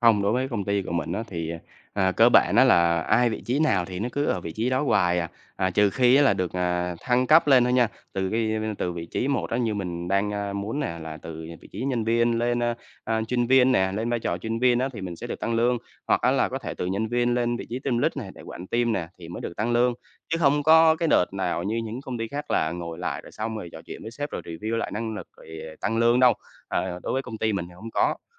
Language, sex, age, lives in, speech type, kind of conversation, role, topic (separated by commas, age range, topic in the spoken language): Vietnamese, male, 25-29, Vietnam, conversation, advice, user, Làm thế nào để xin tăng lương với sếp mà không lo sợ phản ứng của họ?